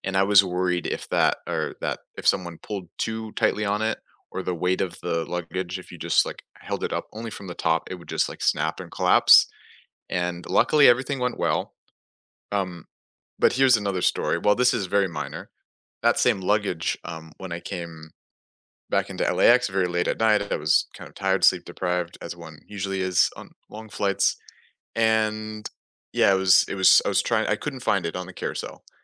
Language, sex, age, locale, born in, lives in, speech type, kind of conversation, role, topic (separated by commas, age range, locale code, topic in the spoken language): English, male, 20-24, en-US, United States, United States, conversation, unstructured, none, Have you had any bad experiences with lost or delayed luggage?